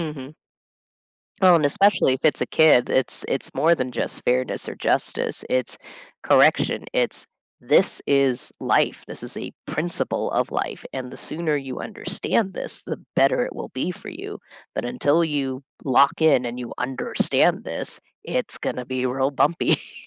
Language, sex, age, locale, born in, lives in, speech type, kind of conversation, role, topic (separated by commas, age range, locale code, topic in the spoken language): English, female, 35-39, en-US, United States, United States, conversation, unstructured, none, How do you balance fairness and kindness in everyday decisions?